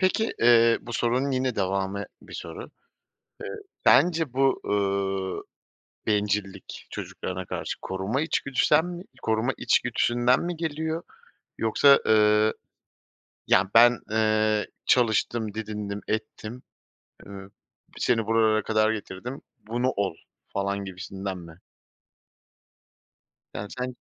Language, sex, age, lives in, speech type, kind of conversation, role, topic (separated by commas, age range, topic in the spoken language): Turkish, male, 30-34, Poland, conversation, podcast, host, Ailenin beklentileriyle kendi hedeflerini nasıl dengelersin?